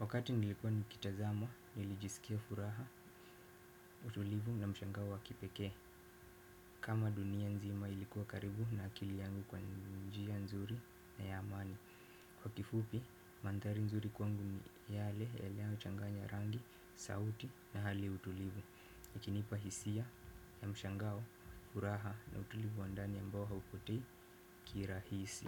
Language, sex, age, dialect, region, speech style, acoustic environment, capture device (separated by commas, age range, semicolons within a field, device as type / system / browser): Swahili, male, 18-24, Kenya - Mombasa, Kenya, excited, silence, desktop / Windows / Firefox